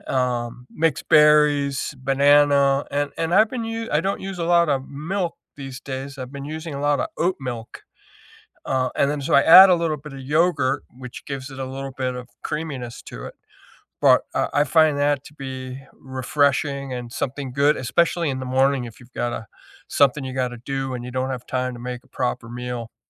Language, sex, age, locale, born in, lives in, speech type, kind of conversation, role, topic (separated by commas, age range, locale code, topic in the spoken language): English, male, 65-69, en-US, United States, United States, conversation, unstructured, none, What comfort food do you love, and what kitchen experiment are you proud of?